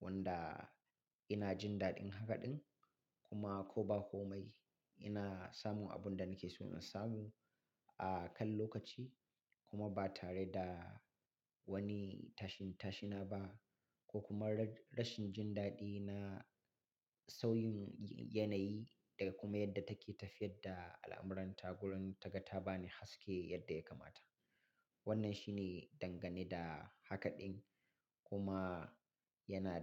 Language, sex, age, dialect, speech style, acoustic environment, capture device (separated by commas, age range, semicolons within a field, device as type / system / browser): Hausa, male, 35-44, Nigeria - Katsina, happy; relaxed; focused; excited, silence; nature, mobile / Linux / Chrome